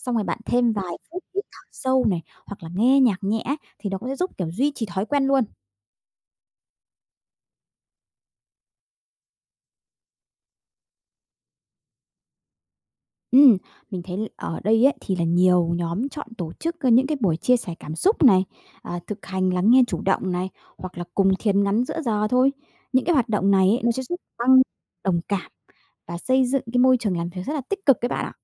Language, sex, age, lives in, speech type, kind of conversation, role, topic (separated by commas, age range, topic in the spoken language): Vietnamese, female, 30-34, Vietnam, read, expert-assistant, expert, Có những cách nào giúp mình rèn luyện trí tuệ cảm xúc trong cuộc sống hàng ngày?